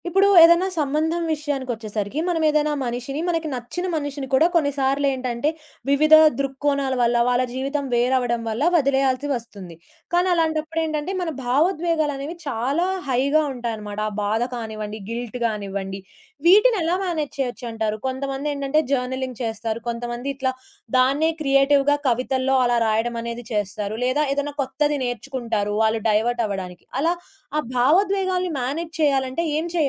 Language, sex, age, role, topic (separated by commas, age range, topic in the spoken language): Telugu, female, 20-24, host, మీరు ఏది వదిలేయాలి, ఏది నిలుపుకోవాలి అని ఎలా నిర్ణయిస్తారు?